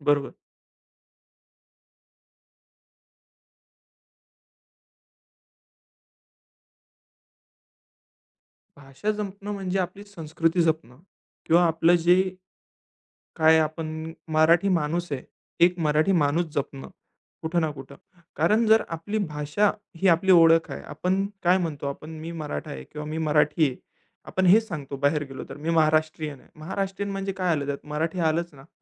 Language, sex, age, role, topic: Marathi, male, 20-24, guest, भाषा जपण्यासाठी तुम्ही काय करता?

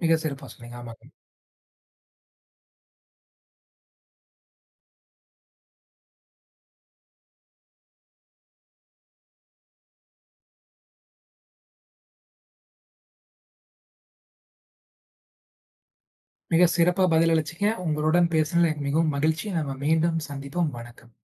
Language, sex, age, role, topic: Tamil, male, 20-24, host, குறைந்த செலவில் உங்கள் உடை அலங்காரத்தை எப்படி மேம்படுத்துகிறீர்கள்?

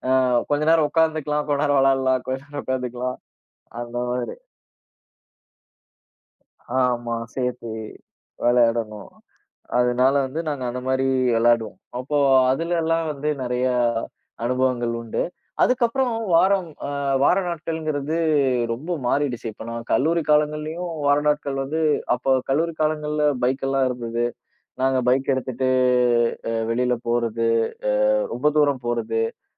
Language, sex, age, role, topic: Tamil, male, 30-34, guest, ஒரு வாரத்தில் ஒரு நாள் ஓய்வு நாளை நீங்கள் எப்படி பயன்படுத்துவீர்கள்?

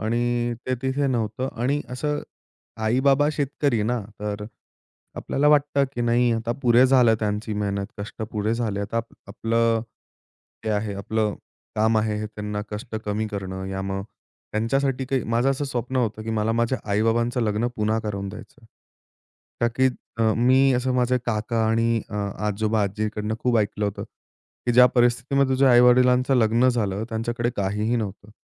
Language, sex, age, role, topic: Marathi, male, 25-29, guest, घर सोडताना सर्वांत अवघड काय वाटलं होतं?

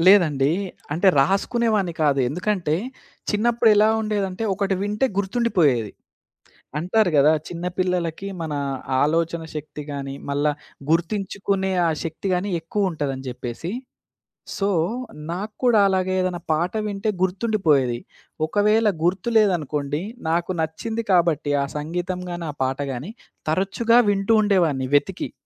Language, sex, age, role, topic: Telugu, male, 25-29, guest, మీ బాల్యంలో విన్న ఏదైనా ఒక్క పాటతో మీకు బలమైన జ్ఞాపకాలు ముడిపడి ఉన్నాయా?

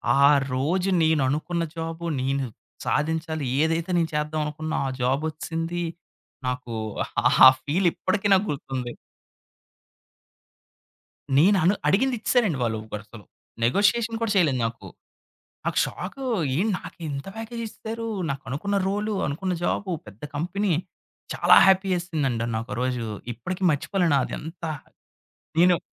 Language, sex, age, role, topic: Telugu, male, 30-34, guest, మీ జీవితంలో మీకు గర్వం కలిగించిన ఒక సందర్భాన్ని చెప్పగలరా?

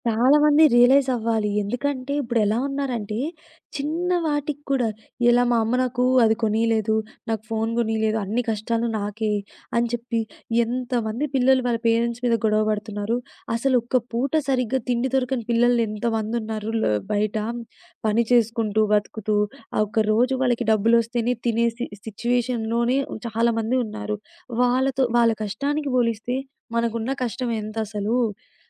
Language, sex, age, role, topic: Telugu, female, 20-24, host, సినిమాలు మన భావనలను ఎలా మార్చతాయి?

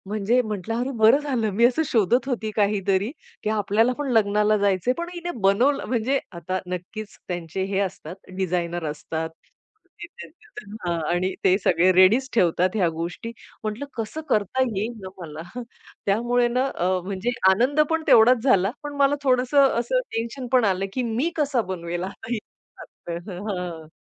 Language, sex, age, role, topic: Marathi, female, 40-44, guest, सोशल मीडियामुळे तुझ्या शैलीवर कसा परिणाम झाला?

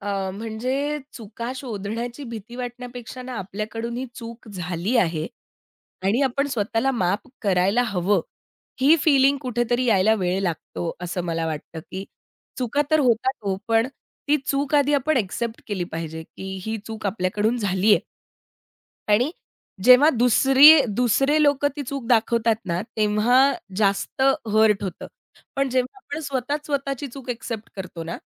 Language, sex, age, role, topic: Marathi, female, 30-34, guest, शिकताना चुका झाल्या तर तुम्ही त्या कशा हाताळता?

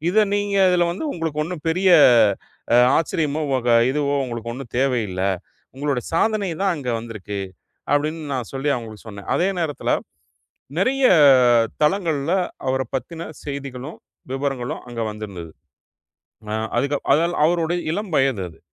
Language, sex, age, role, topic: Tamil, male, 40-44, guest, உங்கள் பெயரை கூகுளில் தேடும்போது தேடல் முடிவுகளில் எந்த தகவல்கள் முதலில் தோன்ற வேண்டும்?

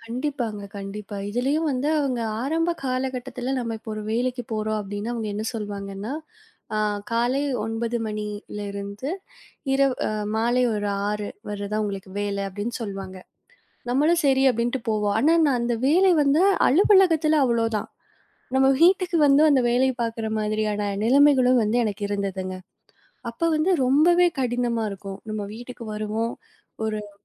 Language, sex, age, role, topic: Tamil, female, 20-24, guest, வேலை-குடும்ப சமநிலையை நீங்கள் எப்படிப் பேணுகிறீர்கள்?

